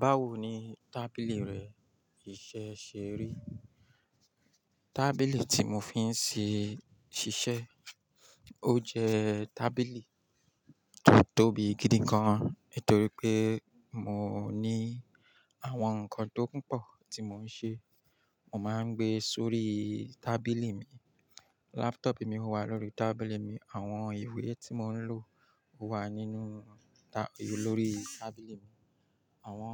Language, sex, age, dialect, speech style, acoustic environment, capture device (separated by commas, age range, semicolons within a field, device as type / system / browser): Yoruba, male, 35-44, Nigeria - Lagos Yoruba, focused, nature, mobile / Linux / Chrome